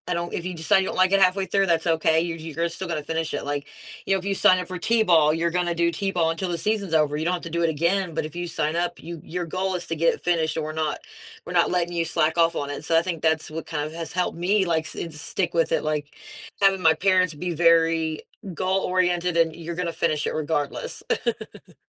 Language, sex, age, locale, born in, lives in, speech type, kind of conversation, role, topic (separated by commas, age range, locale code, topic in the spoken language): English, female, 35-39, en-US, United States, United States, conversation, podcast, host, How can setbacks help us grow and move closer to our goals?